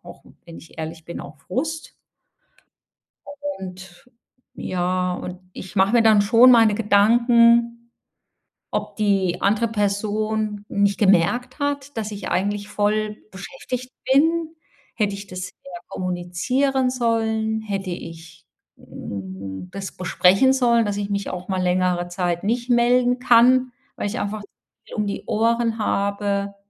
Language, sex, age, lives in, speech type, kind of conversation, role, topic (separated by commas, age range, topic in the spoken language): German, female, 55-59, Germany, conversation, advice, user, Wie kann ich langfristige Freundschaften trotz eines vollen Zeitplans pflegen?